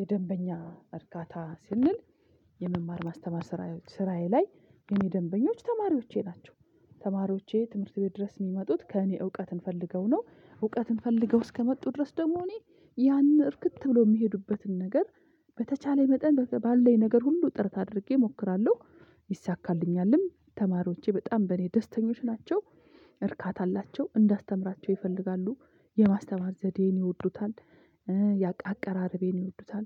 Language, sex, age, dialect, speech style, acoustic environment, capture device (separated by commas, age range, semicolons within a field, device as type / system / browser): Amharic, female, 45-54, Ethiopia - Addis Ababa, excited, silence, mobile / Linux / Chrome